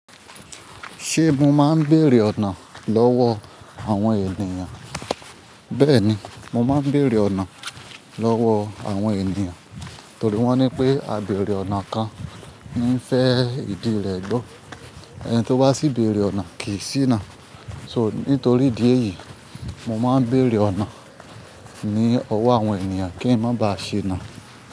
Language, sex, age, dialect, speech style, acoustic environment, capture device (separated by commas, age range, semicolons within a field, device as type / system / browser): Yoruba, male, 25-34, Nigeria - Ibadan, focused, nature, mobile / Linux / Chrome